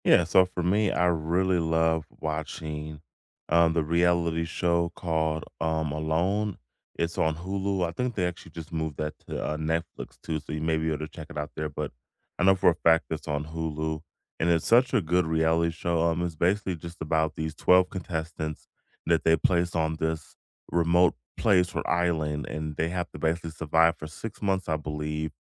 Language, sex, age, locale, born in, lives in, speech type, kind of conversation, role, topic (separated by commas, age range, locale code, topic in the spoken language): English, male, 35-39, en-US, United States, United States, conversation, unstructured, none, Which reality shows do you secretly love and also openly defend?